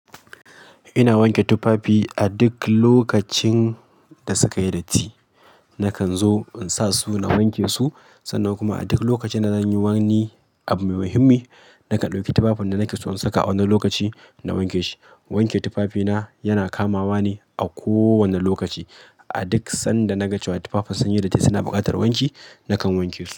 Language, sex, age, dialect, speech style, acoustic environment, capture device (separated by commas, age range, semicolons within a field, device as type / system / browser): Hausa, male, 25-34, Nigeria - Kano, happy; focused; excited, people talking; appliances; nature, mobile / Linux / Chrome